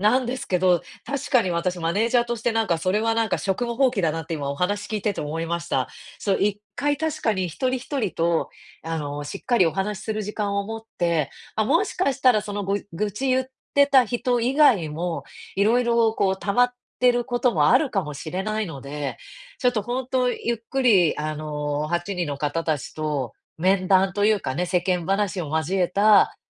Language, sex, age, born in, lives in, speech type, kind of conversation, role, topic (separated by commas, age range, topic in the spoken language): Japanese, female, 35-39, Japan, United States, conversation, advice, user, どうすれば他人の批判と自分の価値を切り離して受け止められますか？